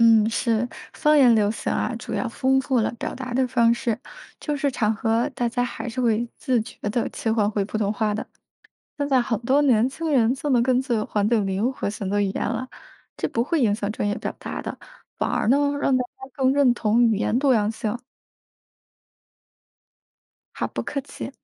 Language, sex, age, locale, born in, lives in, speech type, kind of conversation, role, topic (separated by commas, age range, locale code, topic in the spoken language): Chinese, female, 30-34, zh-CN, China, United States, read, expert-assistant, expert, 你觉得一个人说什么语言，会影响别人怎么看他吗？在中国，不同口音和方言会带来哪些社会印象？